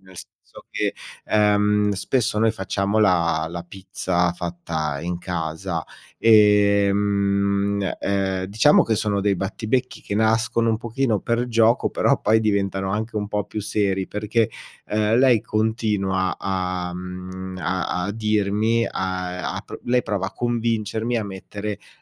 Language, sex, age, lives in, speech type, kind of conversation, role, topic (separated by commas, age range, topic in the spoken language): Italian, male, 40-44, Italy, conversation, advice, user, Come posso gestire i litigi ricorrenti con il partner per piccole questioni della quotidianità?